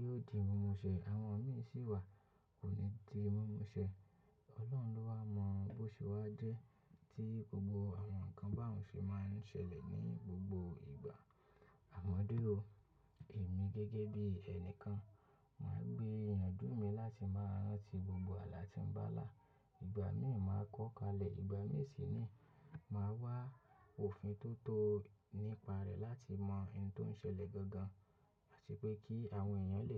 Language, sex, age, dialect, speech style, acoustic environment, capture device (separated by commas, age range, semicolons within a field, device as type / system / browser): Yoruba, male, 25-34, Nigeria - Lagos Yoruba, focused, nature, mobile / macOS / Safari